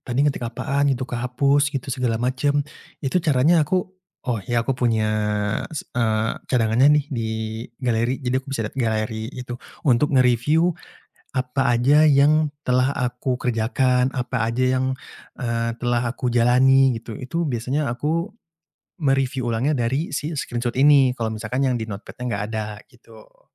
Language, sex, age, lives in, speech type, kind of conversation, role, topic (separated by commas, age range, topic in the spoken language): Indonesian, male, 25-29, Indonesia, conversation, podcast, guest, Bagaimana cara kamu mencatat atau menyimpan ide-ide yang muncul tiba-tiba?